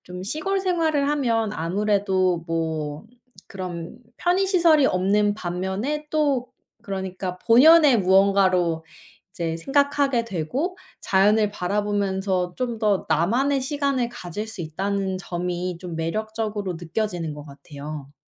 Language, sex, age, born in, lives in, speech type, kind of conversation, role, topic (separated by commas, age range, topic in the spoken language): Korean, female, 25-29, South Korea, United States, conversation, unstructured, none, 도시 생활과 시골 생활 중 어느 쪽이 더 매력적이라고 생각하시나요?